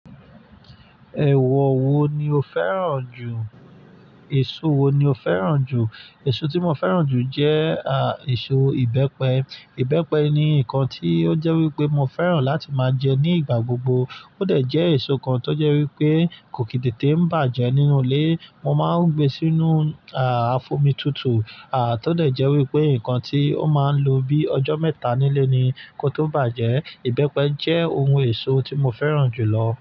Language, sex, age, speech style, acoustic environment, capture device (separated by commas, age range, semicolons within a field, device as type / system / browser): Yoruba, male, 25-34, relaxed, nature, mobile / Linux / Chrome